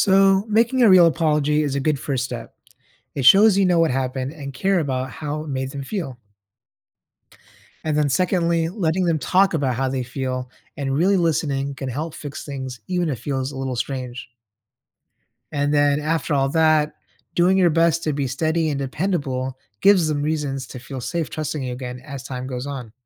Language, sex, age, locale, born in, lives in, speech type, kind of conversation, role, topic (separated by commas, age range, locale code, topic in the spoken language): English, male, 30-34, en-US, United States, United States, read, expert-assistant, expert, How do you build trust with someone new in your life?